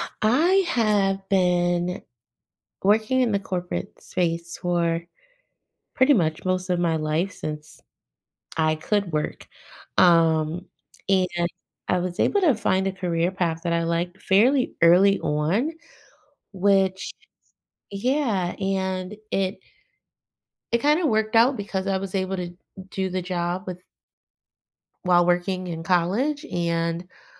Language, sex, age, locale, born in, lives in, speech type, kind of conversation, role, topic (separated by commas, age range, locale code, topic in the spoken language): English, female, 40-44, en-US, United States, United States, conversation, advice, user, How can I decide about a major life change?